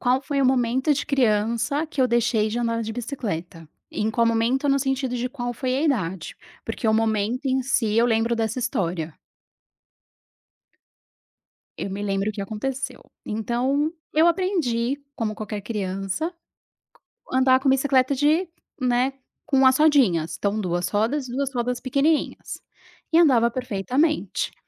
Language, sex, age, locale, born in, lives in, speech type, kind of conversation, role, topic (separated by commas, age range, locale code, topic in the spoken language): Portuguese, female, 35-39, pt-BR, Brazil, Portugal, conversation, podcast, guest, Me conta um momento em que reaprender foi libertador?